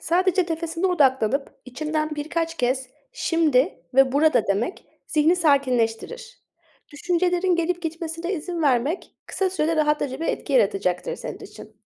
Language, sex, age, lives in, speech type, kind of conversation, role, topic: Turkish, female, 25-29, Germany, read, expert-assistant, expert, Zamanım kısıtlı olduğunda yaratıcılığımı nasıl canlı tutabilirim?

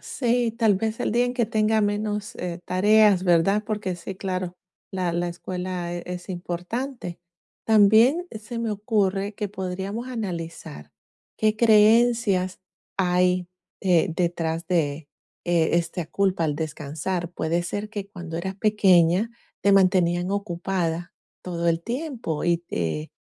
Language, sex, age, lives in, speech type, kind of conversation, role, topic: Spanish, female, 45-49, United States, conversation, advice, advisor, ¿Por qué me siento culpable al descansar o divertirme?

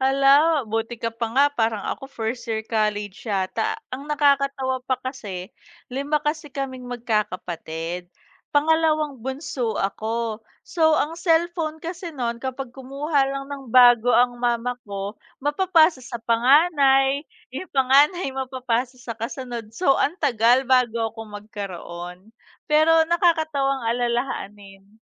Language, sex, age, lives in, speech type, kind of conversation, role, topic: Filipino, female, 30-34, Philippines, conversation, unstructured, none, Mayroon ka bang hilig na naging dahilan para magkaroon ka ng mga kaibigan?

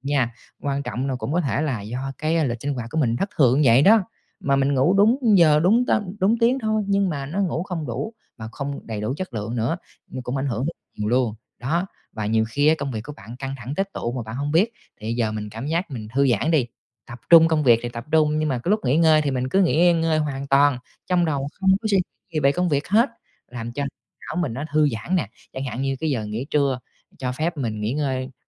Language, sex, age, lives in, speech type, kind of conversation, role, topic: Vietnamese, male, 30-34, Vietnam, conversation, advice, advisor, Vì sao tôi thường xuyên cảm thấy kiệt sức dù đã ngủ đủ giấc?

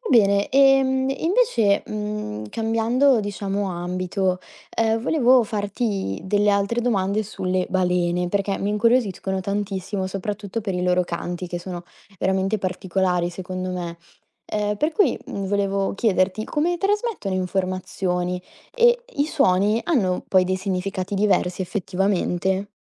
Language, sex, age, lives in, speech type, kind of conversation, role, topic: Italian, female, 20-24, Italy, read, expert-assistant, asker, Ti è mai capitato di chiederti se i delfini o altri animali marini abbiano una forma di coscienza simile alla nostra?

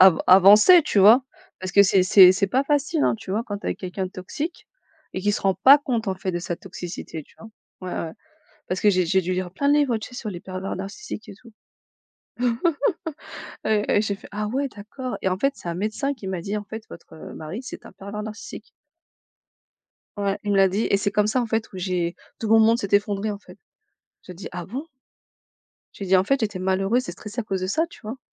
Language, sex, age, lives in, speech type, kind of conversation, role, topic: French, female, 35-39, France, conversation, unstructured, none, Qu’est-ce qui rend une relation toxique selon toi ?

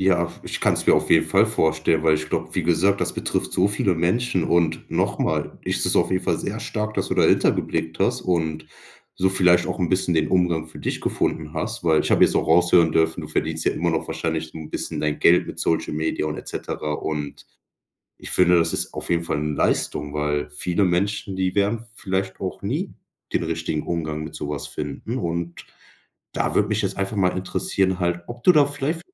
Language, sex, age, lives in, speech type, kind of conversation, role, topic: German, male, 25-29, Germany, conversation, podcast, host, Wie wirken sich soziale Medien auf deine Stimmung aus?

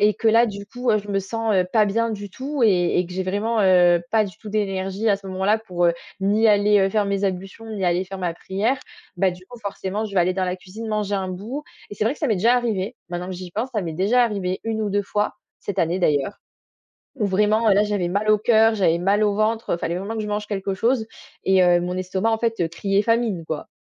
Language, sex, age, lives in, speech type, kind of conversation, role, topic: French, female, 20-24, France, conversation, podcast, guest, Comment faire pour avoir plus d’énergie le matin ?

